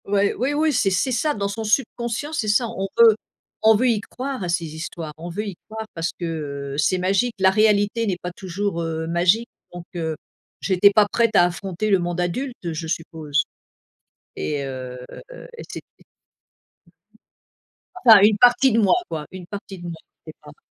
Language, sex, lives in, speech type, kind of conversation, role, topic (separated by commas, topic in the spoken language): French, female, United States, conversation, unstructured, none, Y a-t-il un événement passé que tu trouves particulièrement difficile à pardonner ?